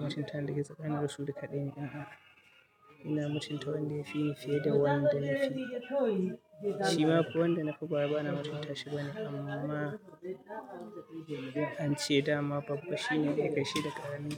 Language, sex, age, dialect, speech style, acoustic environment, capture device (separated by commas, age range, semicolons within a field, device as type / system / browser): Hausa, male, 25-34, Nigeria - Katsina, happy; anxious; tired; nervous; excited; focused; stressed; relaxed, people talking; music; nature; silence; appliances; construction; traffic, mobile / Linux / Chrome